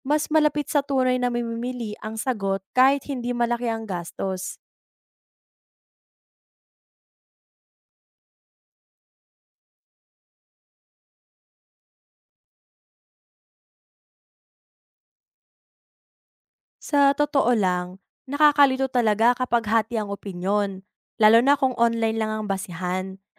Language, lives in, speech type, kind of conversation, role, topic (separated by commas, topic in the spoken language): Filipino, Philippines, read, expert-assistant, expert, Paano ipakikilala ang produktong Pilipino sa pandaigdigang merkado?